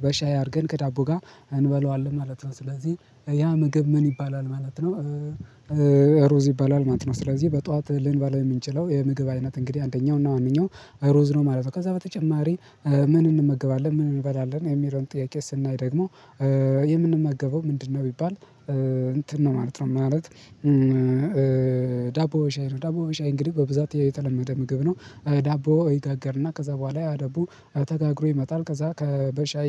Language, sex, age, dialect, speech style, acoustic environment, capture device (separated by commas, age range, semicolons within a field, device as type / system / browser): Amharic, male, 18-24, Ethiopia - Gondar, happy, people talking, mobile / Linux / Chrome